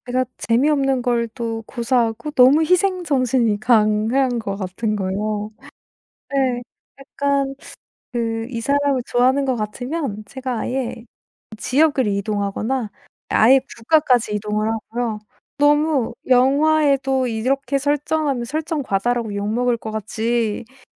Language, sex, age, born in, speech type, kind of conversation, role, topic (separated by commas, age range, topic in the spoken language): Korean, female, 25-29, South Korea, conversation, advice, user, 과거 연애 실패 때문에 새로운 관계를 시작하는 것이 두려운데, 어떻게 하면 좋을까요?